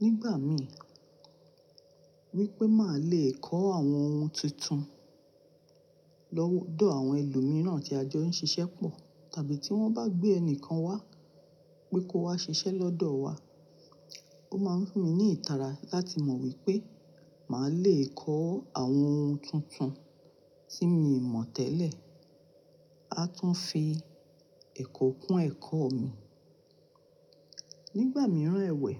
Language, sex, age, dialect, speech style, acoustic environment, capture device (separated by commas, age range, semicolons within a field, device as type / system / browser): Yoruba, female, 45-54, Nigeria - Nigerian Standard, happy, nature, mobile / Linux / Chrome